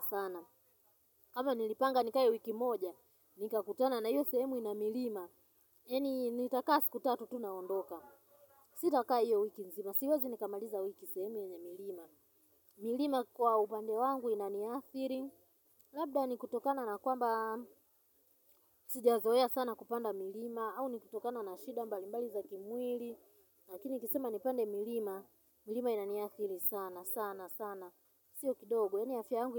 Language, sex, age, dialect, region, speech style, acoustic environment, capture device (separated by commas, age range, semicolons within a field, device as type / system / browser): Swahili, female, 35-44, Tanzania - Dar es Salaam, Tanzania, nervous, silence, mobile / Linux / Chrome